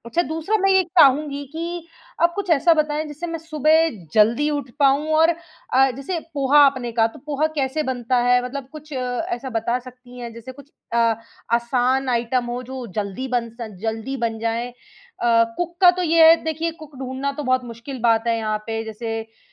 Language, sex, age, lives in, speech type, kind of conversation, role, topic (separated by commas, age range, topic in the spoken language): Hindi, female, 25-29, India, conversation, advice, user, नौकरी की वजह से रोज़ फास्ट फ़ूड खाना पड़ रहा है